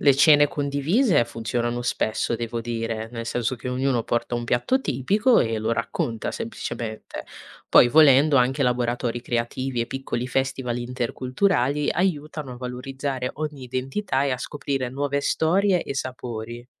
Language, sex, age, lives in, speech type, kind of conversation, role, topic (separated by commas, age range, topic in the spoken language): Italian, female, 20-24, Italy, read, expert-assistant, expert, Come si possono valorizzare le tradizioni locali senza escludere chi arriva da altre culture?